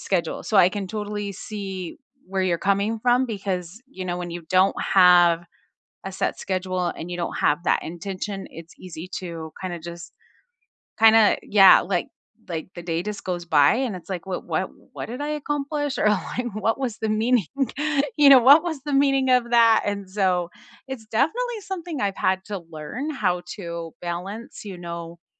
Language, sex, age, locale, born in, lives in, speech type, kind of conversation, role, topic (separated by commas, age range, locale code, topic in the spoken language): English, female, 45-49, en-US, United States, United States, conversation, unstructured, none, What is a small habit that has quietly improved your day-to-day life?